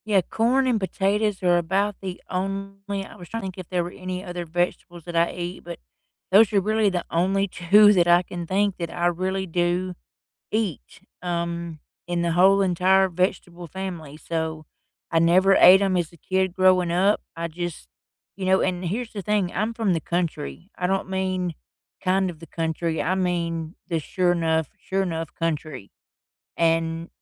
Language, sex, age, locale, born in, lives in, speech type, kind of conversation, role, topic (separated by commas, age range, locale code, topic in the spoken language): English, female, 45-49, en-US, United States, United States, conversation, unstructured, none, What is your favorite comfort food, and what memory do you associate with it?